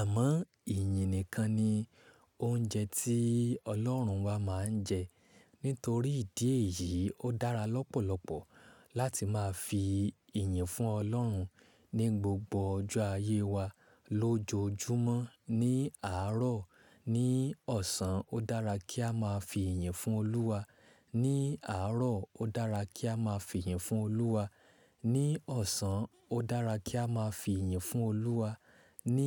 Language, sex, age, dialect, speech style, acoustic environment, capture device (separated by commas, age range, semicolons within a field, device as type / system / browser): Yoruba, male, 25-34, Nigeria - Ibadan, tired, silence, mobile / Linux / Chrome